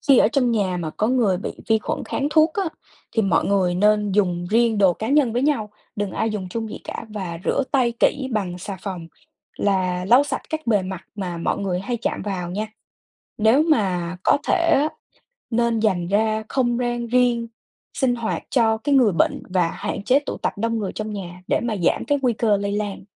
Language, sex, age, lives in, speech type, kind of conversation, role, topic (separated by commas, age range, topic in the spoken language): Vietnamese, female, 20-24, United States, read, expert-assistant, expert, Có thật là uống kháng sinh không đủ liều sẽ dẫn đến tình trạng kháng thuốc nguy hiểm không? Tại sao điều này lại xảy ra và thực tế ở Việt Nam như thế nào?